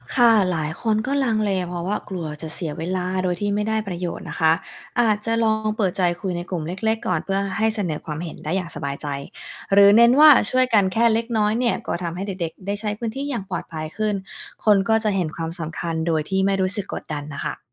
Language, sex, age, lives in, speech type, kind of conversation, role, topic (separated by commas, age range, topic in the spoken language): Thai, female, 35-39, United States, read, expert-assistant, expert, ถ้าอยากให้เมืองน่าอยู่ขึ้น เราควรเริ่มจัดระบบอะไรในชุมชนก่อนดี?